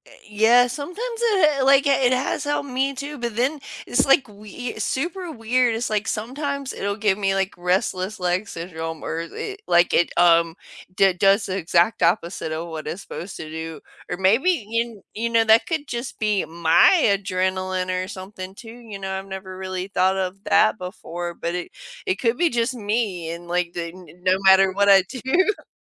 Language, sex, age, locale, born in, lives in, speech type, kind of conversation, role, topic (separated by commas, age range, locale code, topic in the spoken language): English, female, 40-44, en-US, United States, United States, conversation, unstructured, none, How do you cope with sleep disruptions that happen for no clear reason?